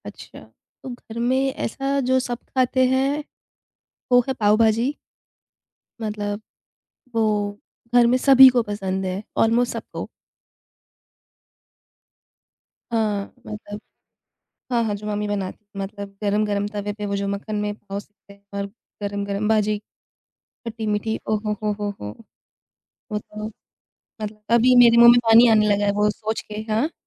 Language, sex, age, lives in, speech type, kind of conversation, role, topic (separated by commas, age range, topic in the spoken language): Hindi, female, 25-29, India, conversation, podcast, guest, Kaunse swaad tumhe bachpan ki yaad dilaate hain?